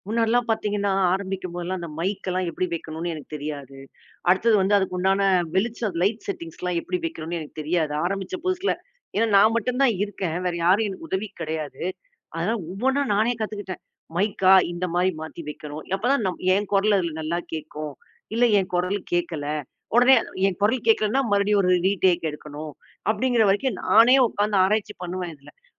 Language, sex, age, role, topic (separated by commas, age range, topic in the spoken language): Tamil, female, 40-44, guest, சமூக வலைதளங்கள் உங்கள் கலைக்கு உதவுகிறதா, சிரமப்படுத்துகிறதா?